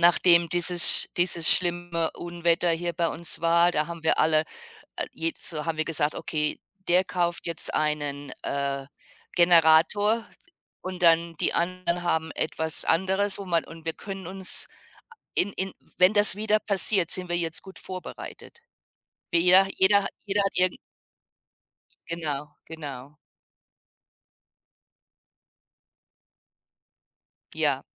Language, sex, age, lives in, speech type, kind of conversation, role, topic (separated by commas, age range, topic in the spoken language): German, female, 55-59, United States, conversation, unstructured, none, Was macht eine gute Nachbarschaft für dich aus?